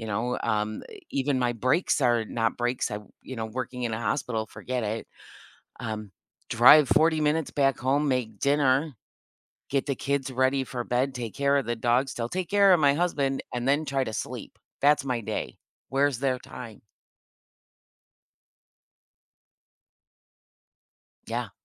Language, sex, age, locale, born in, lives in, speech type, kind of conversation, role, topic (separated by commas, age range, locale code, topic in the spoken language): English, female, 55-59, en-US, United States, United States, conversation, advice, user, How can I recharge in small ways during a packed day?